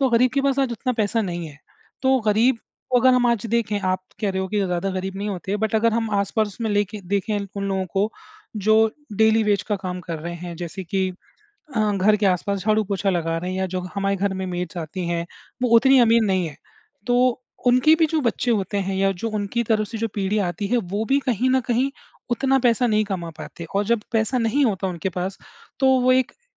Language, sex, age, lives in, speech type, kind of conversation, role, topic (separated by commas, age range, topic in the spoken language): Hindi, male, 30-34, India, conversation, unstructured, none, क्या आपके हिसाब से समाज में गरीब और अमीर के बीच की दूरी कम हो सकती है?